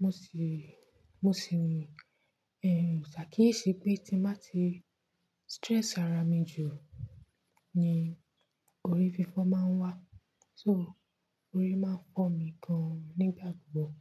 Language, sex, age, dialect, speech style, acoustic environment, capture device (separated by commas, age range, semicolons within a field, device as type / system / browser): Yoruba, female, 25-34, Nigeria - Nigerian Standard, relaxed, nature, mobile / Linux / Chrome